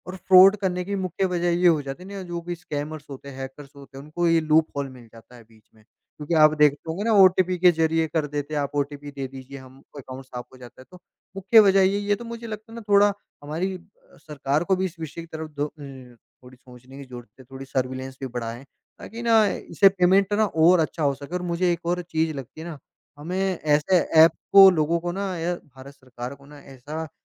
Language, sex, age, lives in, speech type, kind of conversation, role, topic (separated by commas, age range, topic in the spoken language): Hindi, male, 45-49, India, conversation, podcast, guest, आपके मुताबिक डिजिटल भुगतान के फायदे और परेशानियाँ क्या हैं?